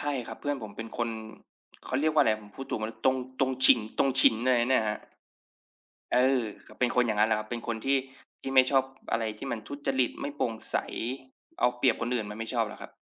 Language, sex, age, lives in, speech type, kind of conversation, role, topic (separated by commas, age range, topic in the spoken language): Thai, male, 25-29, Thailand, conversation, unstructured, none, คุณรู้สึกอย่างไรเมื่อเห็นข่าวการทุจริตในวงการเมือง?